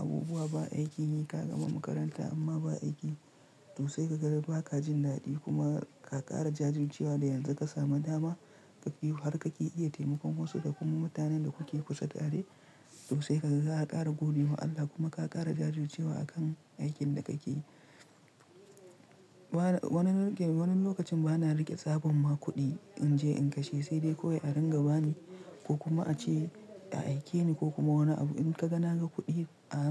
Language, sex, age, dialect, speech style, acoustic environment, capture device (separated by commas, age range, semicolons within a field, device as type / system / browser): Hausa, male, 25-34, Nigeria - Nigerian Standard, tired; relaxed; stressed; focused, silence; nature, mobile / Linux / Chrome